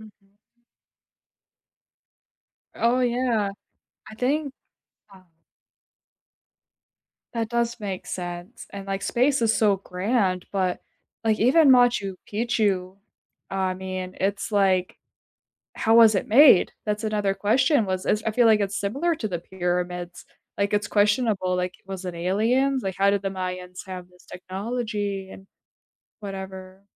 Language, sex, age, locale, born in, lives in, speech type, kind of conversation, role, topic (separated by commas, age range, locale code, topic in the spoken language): English, female, 25-29, en-US, United States, United States, conversation, unstructured, none, What is a surprising fact you know about space?